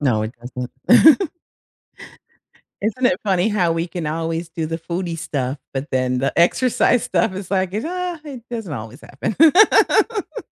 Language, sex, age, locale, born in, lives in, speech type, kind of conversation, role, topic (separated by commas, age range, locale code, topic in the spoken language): English, female, 50-54, en-US, United States, United States, conversation, unstructured, none, How do you celebrate big and small wins in your relationships?